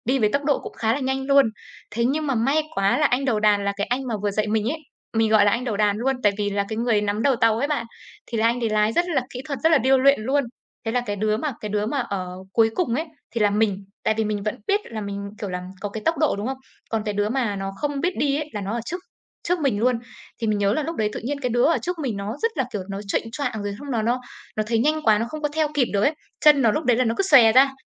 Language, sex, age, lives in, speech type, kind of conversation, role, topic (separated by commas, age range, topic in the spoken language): Vietnamese, female, 25-29, Vietnam, conversation, podcast, guest, Bạn và nhóm bạn thường chơi trò gì vào cuối tuần?